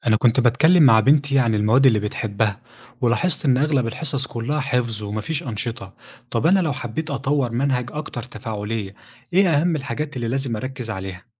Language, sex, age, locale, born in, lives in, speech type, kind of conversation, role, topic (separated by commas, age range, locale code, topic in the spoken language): Arabic, male, 25-29, ar-EG, Egypt, Egypt, read, expert-assistant, asker, لو عايز أطور منهج تعليمي جديد، أبتدي أبحث منين وأعرف إيه المصادر اللي هتفيدني فعلاً؟